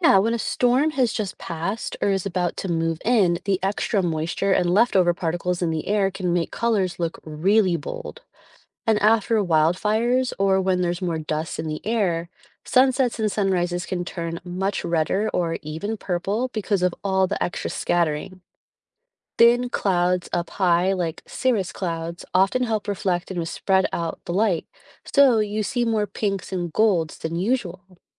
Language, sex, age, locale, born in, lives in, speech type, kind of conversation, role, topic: English, female, 35-39, en-US, United States, United States, read, expert-assistant, expert, What causes the colors seen at sunset?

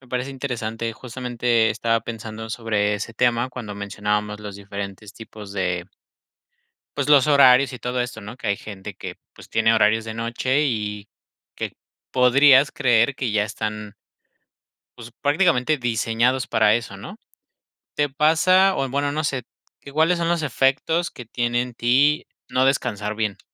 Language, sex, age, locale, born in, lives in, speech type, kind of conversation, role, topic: Spanish, male, 25-29, es-MX, Mexico, Mexico, conversation, podcast, host, ¿Cómo mantienes hábitos de sueño saludables?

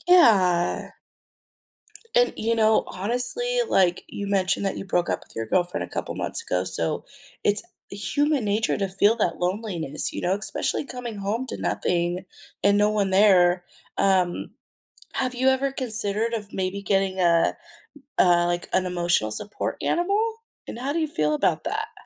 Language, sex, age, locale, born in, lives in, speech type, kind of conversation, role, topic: English, female, 30-34, en-US, United States, United States, conversation, advice, advisor, How can I build meaningful connections and feel less lonely?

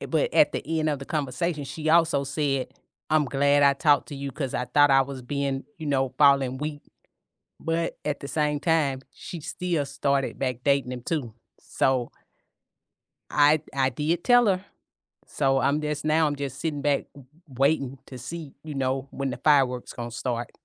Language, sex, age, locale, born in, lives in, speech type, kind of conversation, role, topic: English, female, 55-59, en-US, United States, United States, conversation, unstructured, none, How do you talk to family about something they don’t want to hear?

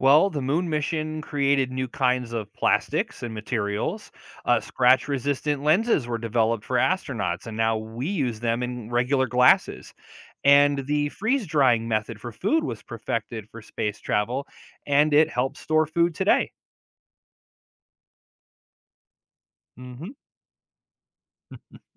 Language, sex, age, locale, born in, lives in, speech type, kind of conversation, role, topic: English, male, 40-44, en-US, United States, United States, read, expert-assistant, expert, What is the significance of the moon landing in technology advancement?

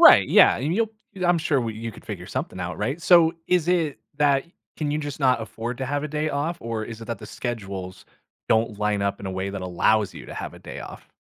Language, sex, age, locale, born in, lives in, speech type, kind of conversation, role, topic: English, male, 30-34, en-US, United States, United States, conversation, advice, advisor, How can I manage my daily responsibilities without feeling overwhelmed?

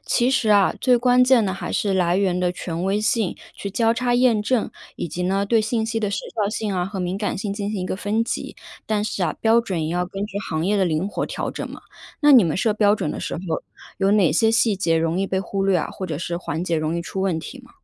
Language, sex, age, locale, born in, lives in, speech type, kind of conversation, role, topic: Chinese, female, 30-34, zh-CN, China, United States, read, expert-assistant, expert, 新闻记者平时用哪些方法来确保报道快速又靠谱？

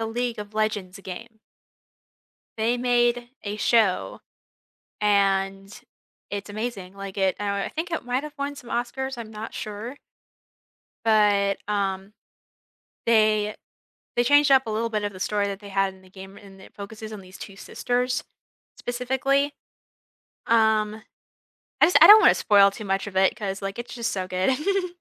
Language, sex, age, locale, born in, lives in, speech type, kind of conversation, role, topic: English, female, 20-24, en-US, United States, United States, conversation, unstructured, none, What TV show do you always recommend to friends?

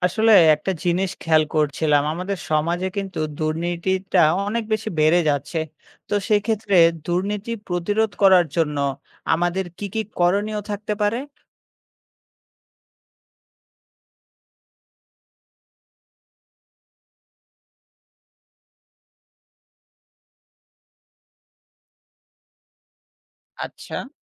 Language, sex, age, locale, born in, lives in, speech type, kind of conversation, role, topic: Bengali, male, 20-24, bn-BD, Bangladesh, Bangladesh, read, expert-assistant, asker, দুর্নীতি প্রতিরোধে করণীয়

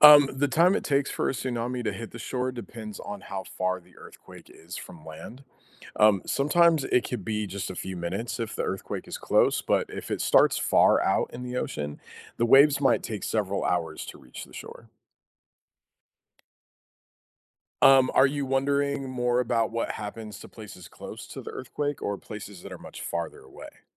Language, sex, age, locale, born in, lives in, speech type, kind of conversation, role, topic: English, male, 35-39, en-US, United States, United States, read, expert-assistant, expert, How do earthquakes lead to the formation of tsunamis?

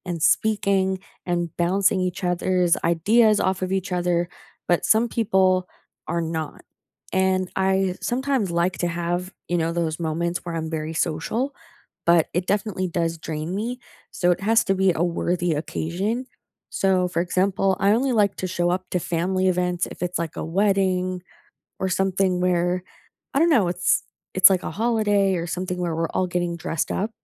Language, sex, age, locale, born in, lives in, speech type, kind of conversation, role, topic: English, female, 25-29, en-US, Canada, United States, conversation, unstructured, none, How did you make friends at school, and what helped you fit in?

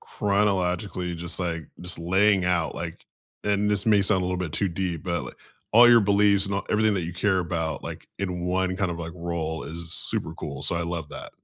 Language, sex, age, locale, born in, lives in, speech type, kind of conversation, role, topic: English, male, 35-39, en-US, United States, United States, conversation, unstructured, none, What’s the story behind a favorite photo on your phone, and why does it matter to you?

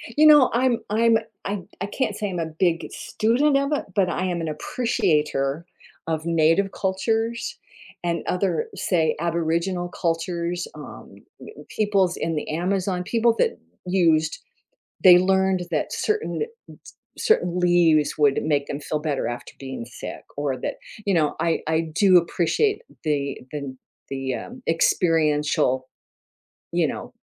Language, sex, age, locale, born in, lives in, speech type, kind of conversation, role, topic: English, female, 70-74, en-US, United States, United States, conversation, unstructured, none, Would you rather live in a world where technology is advanced but nature is gone, or in a world where nature thrives but technology is primitive?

